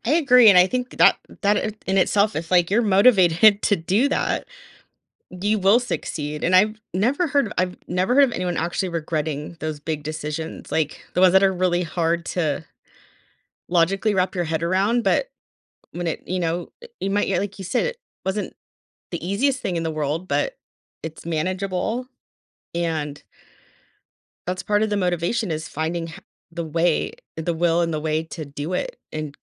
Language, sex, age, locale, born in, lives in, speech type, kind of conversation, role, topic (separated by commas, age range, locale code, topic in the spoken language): English, female, 40-44, en-US, United States, United States, conversation, podcast, host, What helps you maintain enthusiasm and drive in your work life?